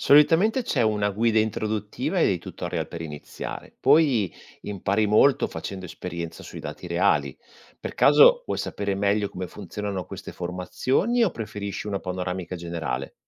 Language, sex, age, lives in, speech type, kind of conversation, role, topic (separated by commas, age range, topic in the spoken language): Italian, male, 45-49, Italy, read, expert-assistant, expert, Come si può approfondire la propria conoscenza sull’esplorazione spaziale senza essere scienziati?